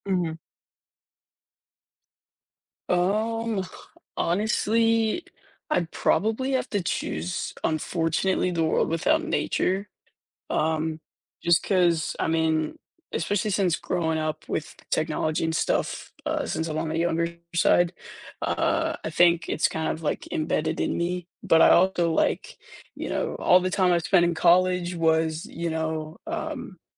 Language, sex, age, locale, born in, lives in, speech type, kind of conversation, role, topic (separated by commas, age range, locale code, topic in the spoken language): English, male, 18-19, en-US, United States, United States, conversation, unstructured, none, Would you rather live in a world without technology or in a world without nature?